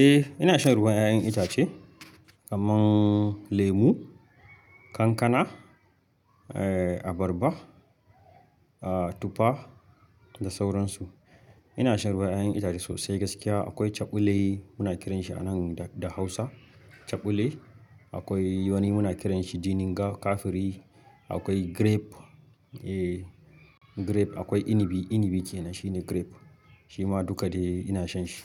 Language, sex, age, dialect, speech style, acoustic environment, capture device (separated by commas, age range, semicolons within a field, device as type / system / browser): Hausa, male, 25-34, Nigeria - Kano, focused; happy, nature, mobile / Linux / Chrome